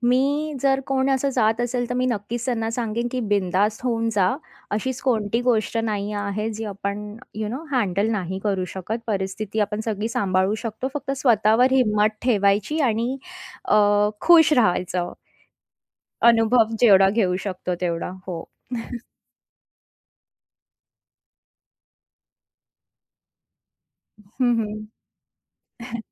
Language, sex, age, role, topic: Marathi, female, 30-34, guest, परिस्थिती बदलल्यावर आयुष्यात नव्याने सुरुवात कशी करावी?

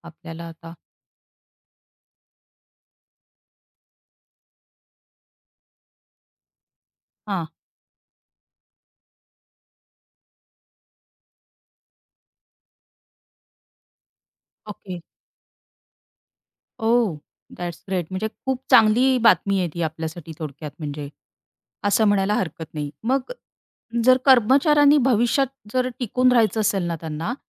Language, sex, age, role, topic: Marathi, female, 35-39, host, कृत्रिम बुद्धिमत्ता तुमच्या नोकरीवर कसा परिणाम करेल, हे सांगू शकाल का?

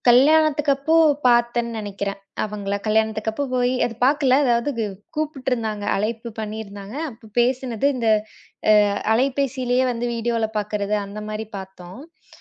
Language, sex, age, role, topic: Tamil, female, 25-29, guest, பள்ளிக்கால தோழர்களை மீண்டும் சந்தித்தபோது உங்களுக்கு ஏற்பட்ட உணர்வுகளைப் பகிரலாமா?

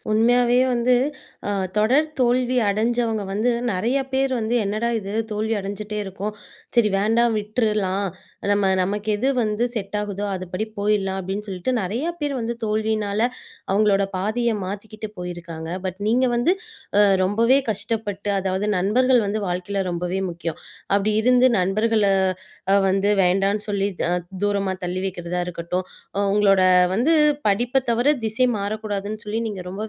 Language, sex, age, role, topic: Tamil, female, 25-29, host, தொடர்ச்சியாக தோல்வி வந்தபோது நீங்கள் எதை மாற்றினீர்கள்?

